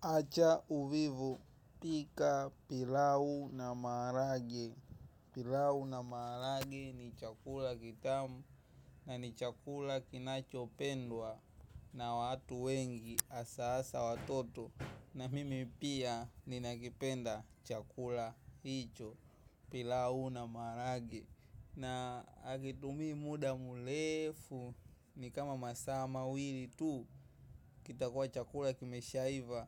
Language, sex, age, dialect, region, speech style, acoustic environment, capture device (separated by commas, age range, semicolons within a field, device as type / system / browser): Swahili, male, 18-24, Tanzania - Dar es Salaam, Tanzania, happy; excited; focused, traffic, mobile / macOS / Safari